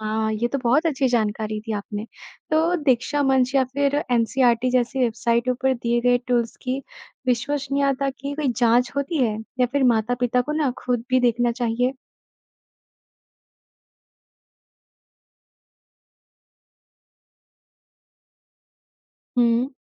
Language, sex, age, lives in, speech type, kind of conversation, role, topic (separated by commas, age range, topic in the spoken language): Hindi, male, 55-59, India, read, expert-assistant, asker, ऑनलाइन पढ़ाई के लिए सबसे असरदार तकनीकी साधन कैसे चुनें?